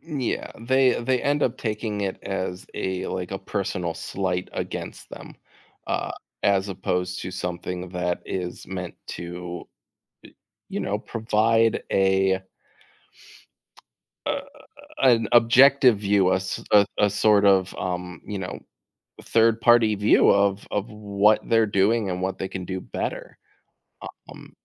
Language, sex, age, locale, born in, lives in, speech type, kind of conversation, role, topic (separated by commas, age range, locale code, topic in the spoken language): English, male, 30-34, en-US, United States, United States, conversation, podcast, guest, How can we share honest feedback in a way that strengthens relationships?